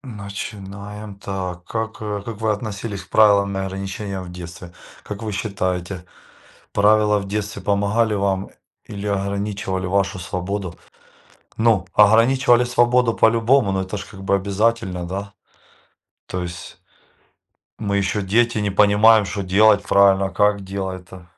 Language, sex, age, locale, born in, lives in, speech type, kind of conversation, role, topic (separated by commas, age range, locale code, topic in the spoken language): Russian, male, 40-44, ru-RU, Ukraine, Spain, conversation, unstructured, none, Как вы в детстве относились к правилам и ограничениям?